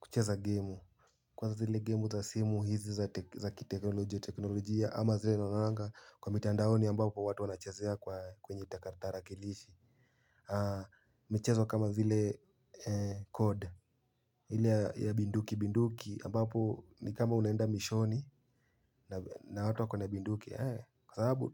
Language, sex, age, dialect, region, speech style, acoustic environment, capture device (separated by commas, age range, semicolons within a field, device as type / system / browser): Swahili, male, 18-24, Kenya - Nairobi Sheng-influenced, Kenya, happy; excited, silence, mobile / Linux / Chrome